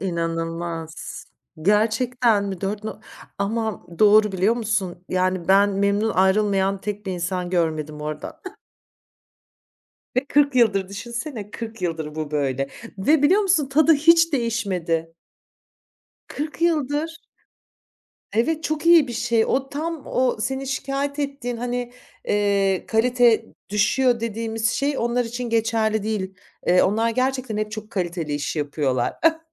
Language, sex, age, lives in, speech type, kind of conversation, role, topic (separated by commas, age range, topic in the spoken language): Turkish, female, 45-49, France, conversation, podcast, host, Sokak yemeklerini keşfetmeyi en çok nasıl seversin?